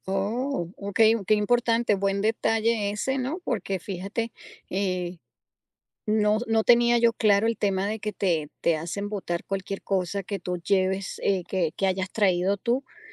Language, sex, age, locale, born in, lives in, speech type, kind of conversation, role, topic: Spanish, female, 50-54, es-US, Venezuela, United States, conversation, podcast, host, ¿Qué consejos le darías a alguien que va a su primer concierto?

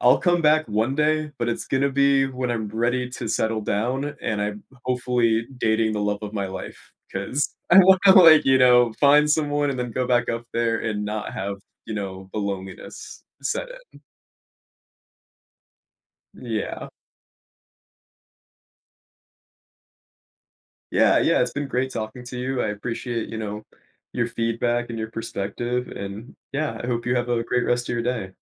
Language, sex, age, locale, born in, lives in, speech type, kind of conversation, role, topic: English, male, 25-29, en-US, United States, United States, conversation, advice, user, How can I stop feeling lonely when I'm around others?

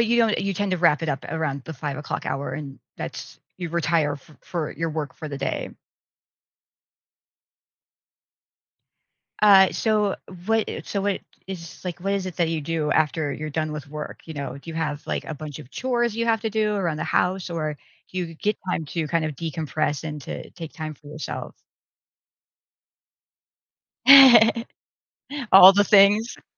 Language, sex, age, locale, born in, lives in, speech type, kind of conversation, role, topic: English, female, 45-49, en-US, United States, United States, conversation, advice, advisor, How can I set boundaries to better balance my work and personal life?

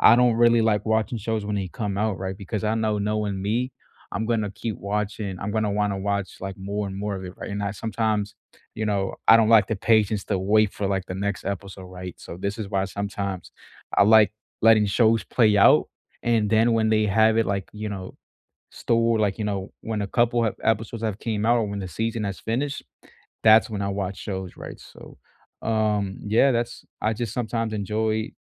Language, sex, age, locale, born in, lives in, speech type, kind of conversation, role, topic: English, male, 20-24, en-US, United States, United States, conversation, unstructured, none, Which release schedule keeps people talking longer: binge drops or weekly episodes?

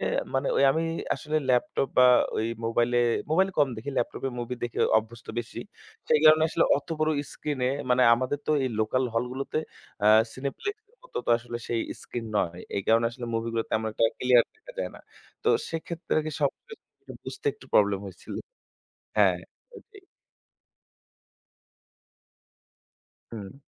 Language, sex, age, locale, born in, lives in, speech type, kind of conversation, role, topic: Bengali, male, 25-29, bn-BD, Bangladesh, Bangladesh, conversation, podcast, guest, আপনার কাছে কোন ধরনের সিনেমা সবচেয়ে বেশি টানে, এবং কেন?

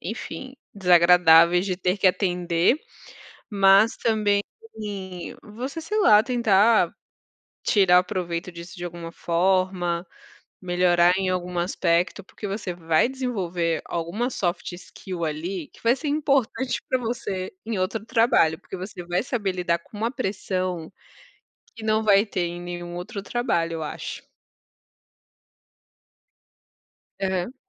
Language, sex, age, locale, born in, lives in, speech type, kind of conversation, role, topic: Portuguese, female, 30-34, pt-BR, Brazil, France, conversation, unstructured, none, O que mais te deixa bravo em restaurantes de fast-food?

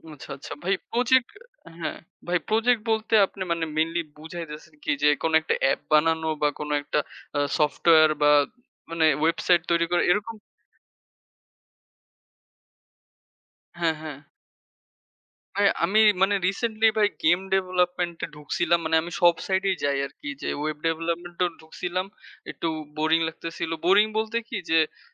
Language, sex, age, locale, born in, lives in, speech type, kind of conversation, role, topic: Bengali, male, 20-24, bn-BD, Bangladesh, Bangladesh, conversation, unstructured, none, পড়াশোনার সময় কীভাবে মনোযোগ বাড়ানো যায়?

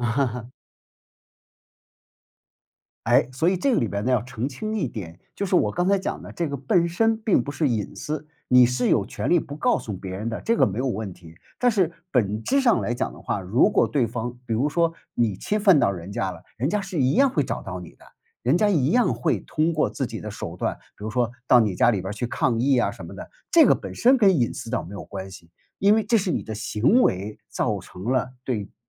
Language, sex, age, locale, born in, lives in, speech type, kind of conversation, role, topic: Chinese, male, 55-59, zh-CN, China, United States, conversation, podcast, guest, 在日常生活中你如何保护个人隐私？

